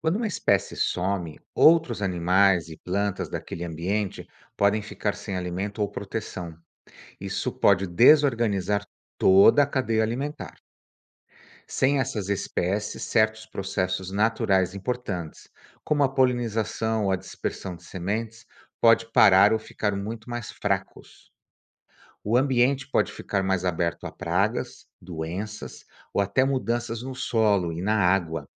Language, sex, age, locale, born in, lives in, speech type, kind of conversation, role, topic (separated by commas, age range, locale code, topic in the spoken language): Portuguese, male, 55-59, pt-BR, Brazil, United States, read, expert-assistant, expert, Por que a preservação dos animais em extinção é necessária